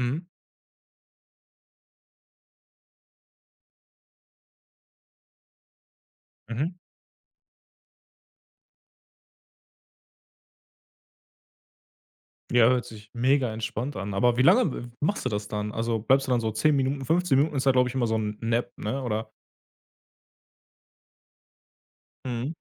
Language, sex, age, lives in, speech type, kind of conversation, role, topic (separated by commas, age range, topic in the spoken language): German, male, 30-34, Germany, conversation, podcast, host, Was ist dein liebstes kleines Feierabendritual?